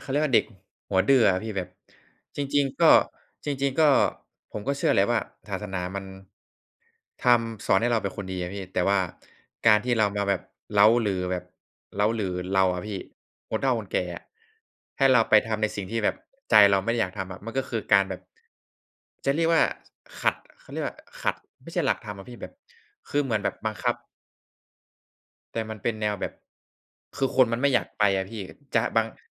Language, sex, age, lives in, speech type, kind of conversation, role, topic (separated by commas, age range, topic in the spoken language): Thai, male, 20-24, Thailand, conversation, unstructured, none, พิธีกรรมทางศาสนามีความหมายอย่างไรสำหรับคนรุ่นใหม่?